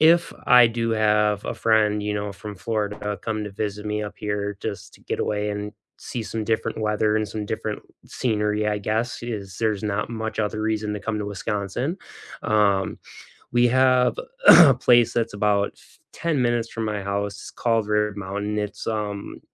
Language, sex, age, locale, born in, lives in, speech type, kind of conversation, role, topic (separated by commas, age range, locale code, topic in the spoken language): English, male, 35-39, en-US, United States, United States, conversation, unstructured, none, When friends visit your town, what’s the first place you love to show them, and why?